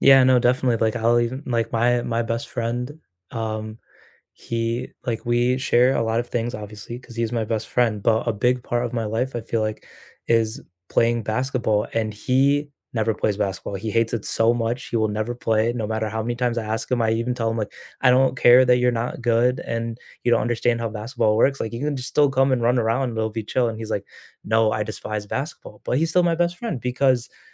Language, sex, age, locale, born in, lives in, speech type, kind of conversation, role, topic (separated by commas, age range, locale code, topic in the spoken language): English, male, 20-24, en-US, United States, United States, conversation, unstructured, none, What’s a childhood memory that still makes you smile?